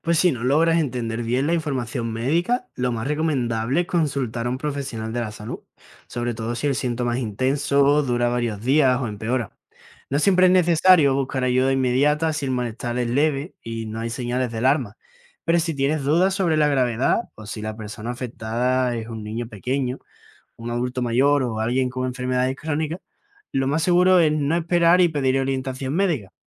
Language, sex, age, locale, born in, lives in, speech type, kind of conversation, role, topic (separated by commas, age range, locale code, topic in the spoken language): Spanish, male, 20-24, es-ES, Spain, Spain, read, expert-assistant, expert, ¿Cómo saber si la información médica en internet es confiable?